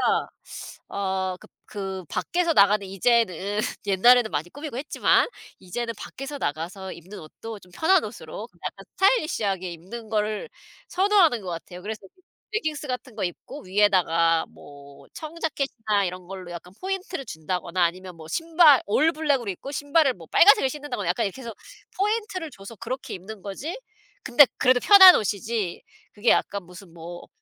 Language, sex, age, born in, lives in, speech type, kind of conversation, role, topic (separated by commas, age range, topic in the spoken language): Korean, female, 40-44, South Korea, United States, conversation, unstructured, none, 매일 다른 스타일의 옷을 입는 것과 편한 옷을 반복해서 입는 것 중 어떤 쪽을 더 선호하시나요?